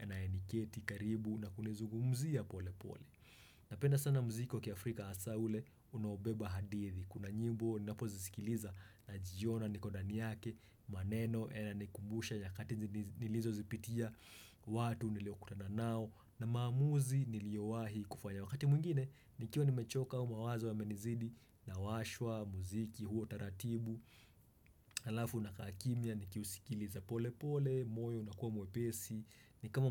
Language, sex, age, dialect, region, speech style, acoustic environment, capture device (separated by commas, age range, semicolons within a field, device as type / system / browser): Swahili, male, 25-34, Kenya - Nairobi Sheng-influenced, Kenya, happy; relaxed; focused; excited, silence, desktop / Windows / Chrome